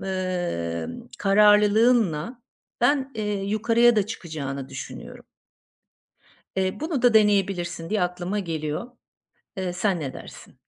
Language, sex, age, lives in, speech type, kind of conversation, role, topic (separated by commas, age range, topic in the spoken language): Turkish, female, 50-54, Portugal, conversation, advice, advisor, İşim benim değerimi belirler mi, ben kimim gerçekten?